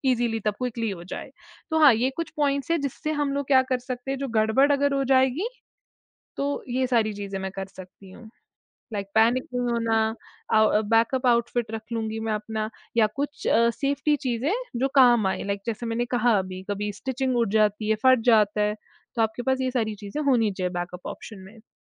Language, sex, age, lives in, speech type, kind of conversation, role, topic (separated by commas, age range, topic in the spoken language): Hindi, female, 20-24, India, conversation, podcast, guest, Festivals ke liye outfit choose karne ka tumhara process kya hota hai?